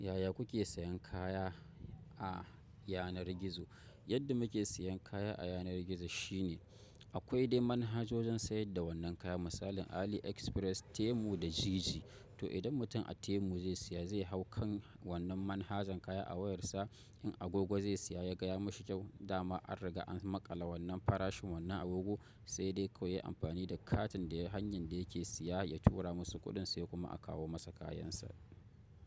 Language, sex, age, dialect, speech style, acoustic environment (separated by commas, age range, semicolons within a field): Hausa, male, 25-34, Nigeria - Kano, happy, nature